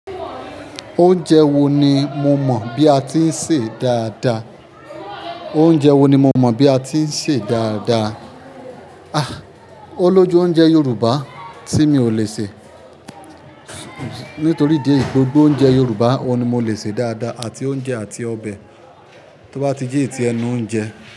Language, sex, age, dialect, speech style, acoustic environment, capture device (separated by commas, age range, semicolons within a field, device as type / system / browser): Yoruba, male, 25-34, Nigeria - Ibadan, focused, people talking, mobile / Linux / Chrome